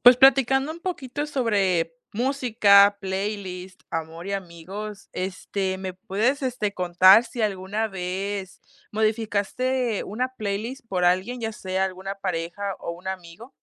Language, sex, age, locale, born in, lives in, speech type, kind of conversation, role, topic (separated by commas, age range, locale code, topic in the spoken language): Spanish, female, 20-24, es-MX, Mexico, Mexico, conversation, podcast, host, ¿Cambiaste tus playlists por amor o por amigos?